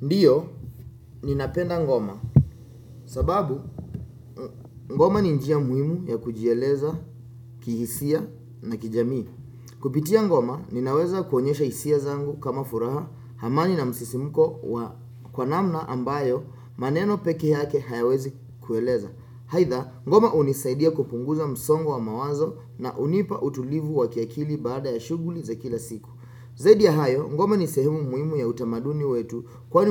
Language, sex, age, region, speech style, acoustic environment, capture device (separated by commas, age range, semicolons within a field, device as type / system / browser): Swahili, male, 25-34, Kenya, focused; happy; relaxed, silence, desktop / Windows / Chrome